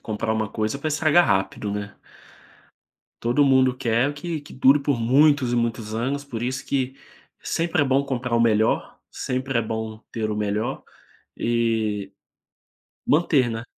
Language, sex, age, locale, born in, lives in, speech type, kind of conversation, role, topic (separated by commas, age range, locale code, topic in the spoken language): Portuguese, male, 25-29, pt-BR, Brazil, Spain, conversation, podcast, guest, Como um dia normal acabou virando inesquecível de repente?